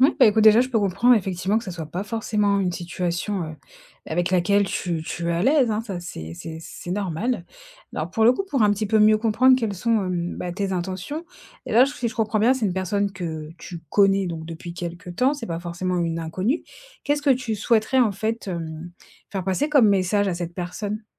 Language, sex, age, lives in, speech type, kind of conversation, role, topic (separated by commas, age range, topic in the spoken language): French, female, 30-34, France, conversation, advice, advisor, Comment surmonter ma nervosité quand je veux aborder quelqu’un ?